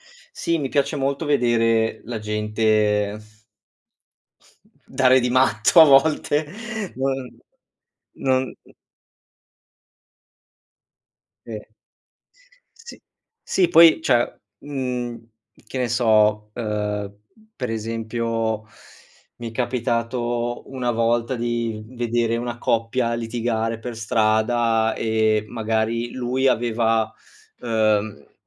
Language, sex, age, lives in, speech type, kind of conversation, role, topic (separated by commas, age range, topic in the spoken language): Italian, male, 25-29, Italy, conversation, podcast, guest, Dove trovi ispirazione nella vita di tutti i giorni?